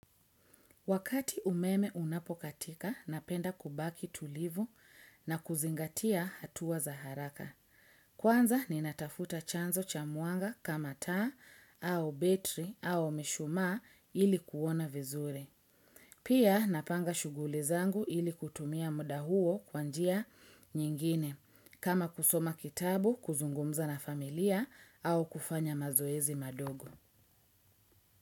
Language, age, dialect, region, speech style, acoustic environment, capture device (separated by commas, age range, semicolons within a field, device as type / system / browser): Swahili, 35-44, Kenya - Mombasa, Kenya, happy, silence, desktop / Windows / Edge